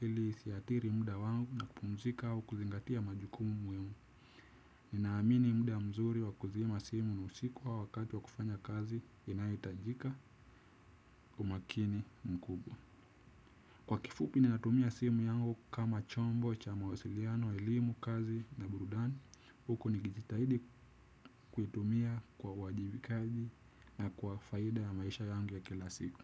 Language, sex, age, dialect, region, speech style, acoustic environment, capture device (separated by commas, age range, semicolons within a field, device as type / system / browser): Swahili, male, 18-24, Kenya - Nairobi Sheng-influenced, Kenya, relaxed, silence; music; people talking; nature; appliances, desktop / Windows / Chrome